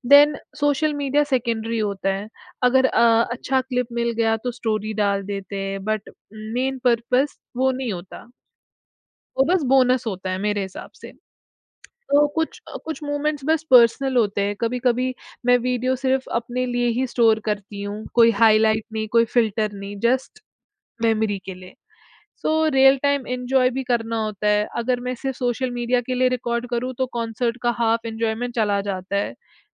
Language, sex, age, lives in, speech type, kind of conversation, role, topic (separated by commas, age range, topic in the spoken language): Hindi, female, 20-24, India, conversation, podcast, guest, Kya tum concerts mein photos ya videos zyada lete ho, kyun?